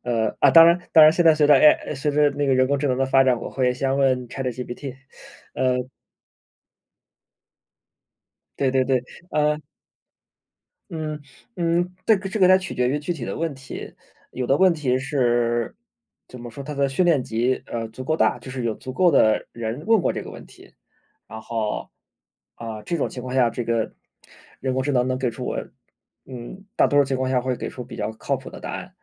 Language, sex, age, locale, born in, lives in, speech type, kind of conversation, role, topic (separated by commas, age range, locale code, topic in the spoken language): Chinese, male, 30-34, zh-CN, China, Germany, conversation, unstructured, none, 你觉得在学习中遇到挫折时该怎么办？